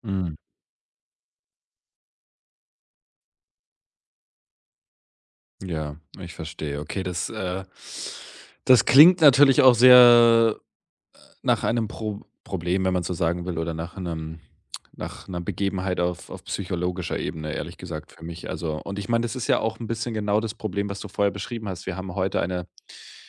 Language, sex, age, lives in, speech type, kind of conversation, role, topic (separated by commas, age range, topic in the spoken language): German, male, 25-29, Germany, conversation, advice, advisor, Wie kann ich eine neue Fitnessroutine ohne festen Plan beginnen, ohne Angst vor Fehlern zu haben?